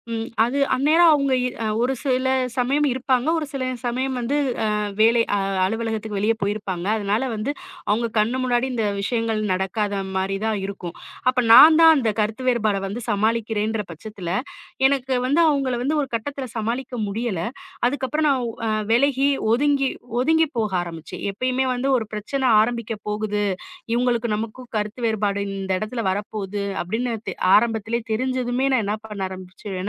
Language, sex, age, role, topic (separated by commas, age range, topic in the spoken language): Tamil, female, 35-39, guest, வீட்டில் கருத்து வேறுபாடு ஏற்பட்டால் நீங்கள் அதை எப்படி பேசித் தீர்க்கிறீர்கள்?